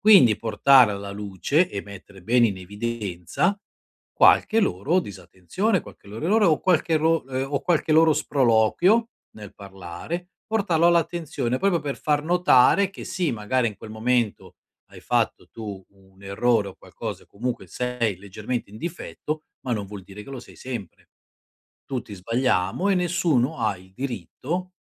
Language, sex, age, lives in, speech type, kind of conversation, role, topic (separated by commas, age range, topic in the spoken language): Italian, male, 50-54, Italy, conversation, advice, advisor, Come posso non farmi abbattere da critiche non costruttive e provocatorie?